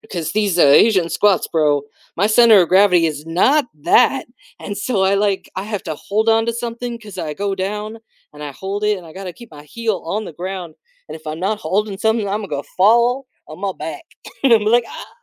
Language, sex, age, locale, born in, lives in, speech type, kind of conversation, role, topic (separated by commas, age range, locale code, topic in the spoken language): English, male, 30-34, en-US, United States, United States, conversation, unstructured, none, How do you usually motivate yourself to exercise?